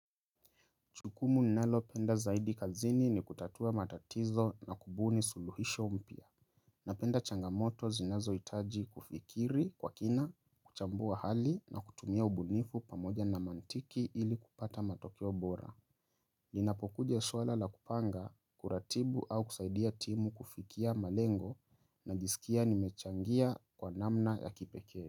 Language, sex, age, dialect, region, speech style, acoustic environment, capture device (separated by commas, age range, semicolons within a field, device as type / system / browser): Swahili, male, 25-34, Kenya - Nairobi Sheng-influenced, Kenya, relaxed; focused, silence; nature, mobile / Linux / Chrome